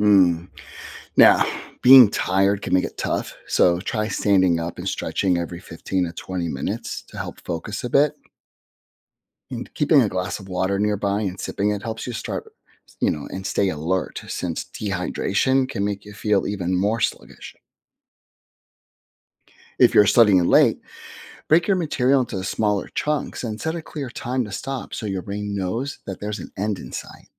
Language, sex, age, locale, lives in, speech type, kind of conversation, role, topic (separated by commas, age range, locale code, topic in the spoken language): English, male, 50-54, en-US, United States, read, expert-assistant, expert, What are some habits that help you stay focused in class?